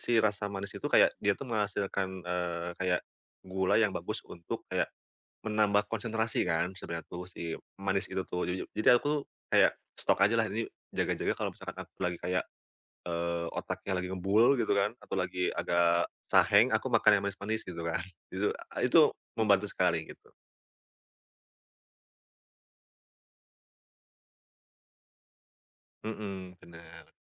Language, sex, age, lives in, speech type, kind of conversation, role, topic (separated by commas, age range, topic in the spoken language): Indonesian, male, 30-34, Indonesia, conversation, podcast, guest, Kebiasaan kecil apa yang paling membantu mempercepat perkembangan kreativitas kamu?